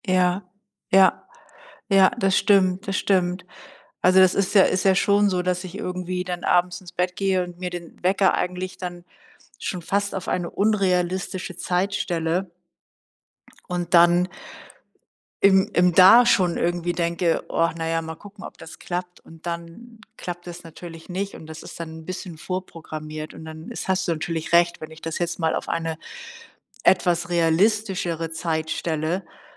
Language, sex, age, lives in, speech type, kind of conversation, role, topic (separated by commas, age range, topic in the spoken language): German, female, 60-64, Germany, conversation, advice, user, Wie schaffe ich es, morgens regelmäßig pünktlich aufzustehen, ohne dass das Schlummern zur Gewohnheit wird?